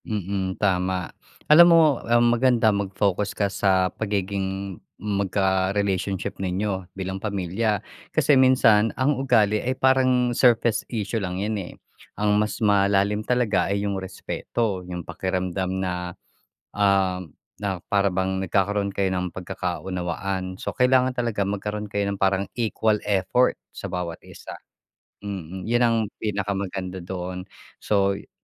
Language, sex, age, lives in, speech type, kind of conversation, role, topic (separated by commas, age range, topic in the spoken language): Filipino, male, 45-49, Philippines, conversation, advice, advisor, Paano ko haharapin ang tensyon sa kasama ko sa bahay dahil sa magkakaibang kaugalian?